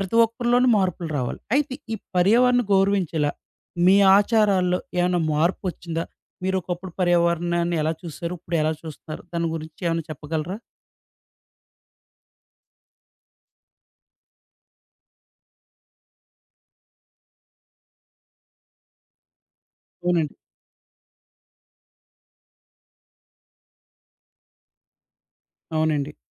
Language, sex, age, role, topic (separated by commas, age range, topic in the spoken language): Telugu, male, 30-34, host, పర్యావరణాన్ని గౌరవించేలా మీ రోజువారీ అలవాట్లలో ఎలాంటి మార్పులు చేశారా?